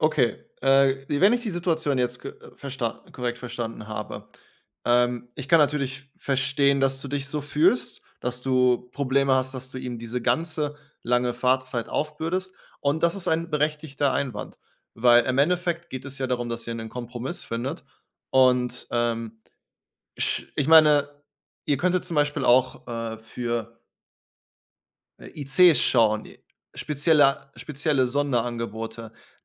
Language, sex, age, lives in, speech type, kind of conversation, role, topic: German, male, 30-34, Germany, conversation, advice, advisor, Wie kann ich nach einer Reise oder bei Jetlag wieder normal schlafen?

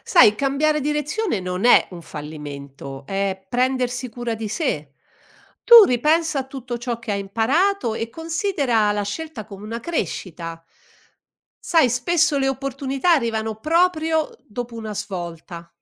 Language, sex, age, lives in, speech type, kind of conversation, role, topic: Italian, female, 60-64, Italy, read, expert-assistant, expert, Come affrontare le paure quando si vuole avviare un’attività propria?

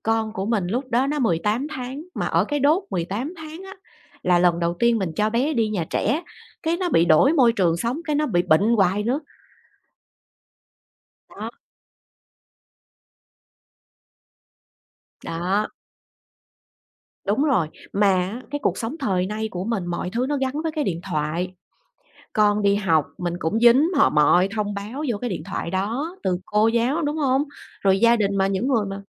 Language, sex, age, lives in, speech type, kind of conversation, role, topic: Vietnamese, female, 40-44, Vietnam, conversation, podcast, guest, Bạn có thể kể về lần bạn quyết định tạm thời “offline” giữa cơn bão thông báo không?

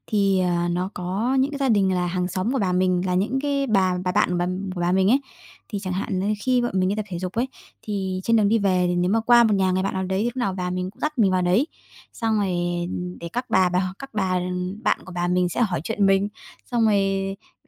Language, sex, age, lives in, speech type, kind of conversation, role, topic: Vietnamese, female, 30-34, Vietnam, conversation, podcast, guest, Bạn nhớ kỷ niệm nào với ông bà nhất?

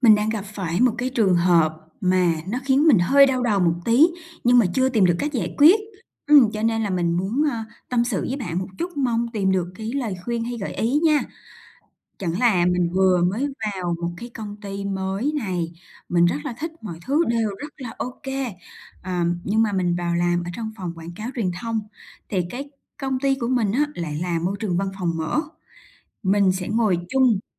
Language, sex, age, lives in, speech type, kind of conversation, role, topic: Vietnamese, female, 30-34, Vietnam, conversation, advice, user, Làm sao để giữ tập trung trong môi trường nhiều phiền nhiễu và công việc chồng chéo?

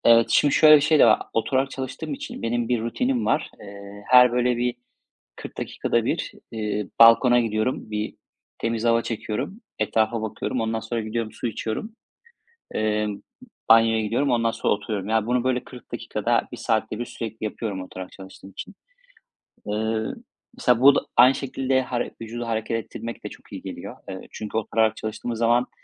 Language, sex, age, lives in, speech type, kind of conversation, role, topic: Turkish, male, 35-39, Spain, conversation, unstructured, none, Sağlıklı kalmak için günlük yaşamında neler yapıyorsun?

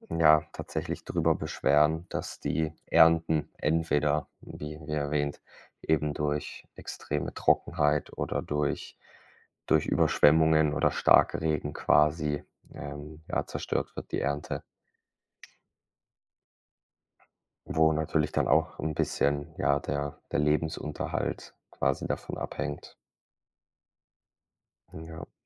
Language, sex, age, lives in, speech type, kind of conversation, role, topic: German, male, 25-29, Germany, conversation, unstructured, none, Was macht dir am Klimawandel am meisten Angst?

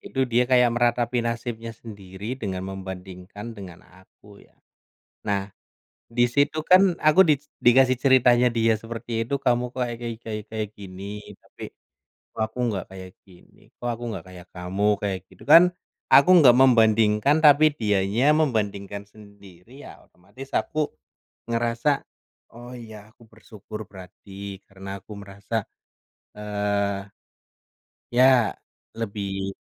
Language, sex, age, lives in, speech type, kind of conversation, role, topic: Indonesian, male, 30-34, Indonesia, conversation, unstructured, none, Apa yang membuatmu merasa bersyukur hari ini?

